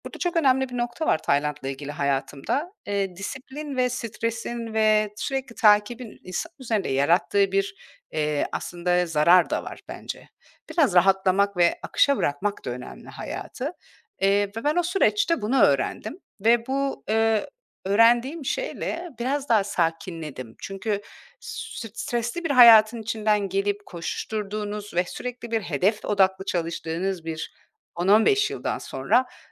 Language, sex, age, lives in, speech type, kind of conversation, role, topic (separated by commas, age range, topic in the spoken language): Turkish, female, 40-44, Portugal, conversation, podcast, guest, Hayatını kökten değiştiren bir anını anlatır mısın?